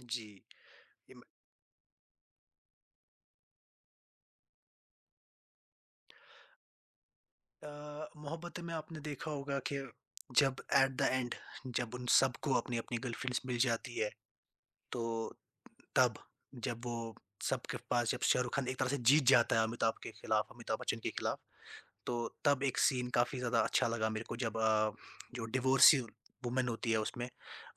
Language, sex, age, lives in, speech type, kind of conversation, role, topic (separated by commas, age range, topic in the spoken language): Hindi, male, 20-24, India, conversation, podcast, guest, Tumhari favorite Bollywood movie kaunsi hai aur kyun, yaar?